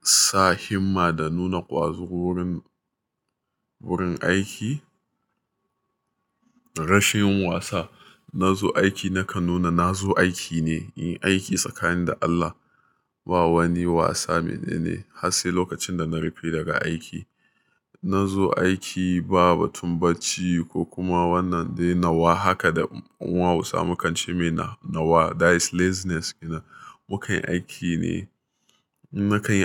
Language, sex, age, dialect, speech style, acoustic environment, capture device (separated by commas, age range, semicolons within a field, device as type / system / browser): Hausa, male, 25-34, Nigeria - Nigerian Standard, happy, silence, mobile / Linux / Chrome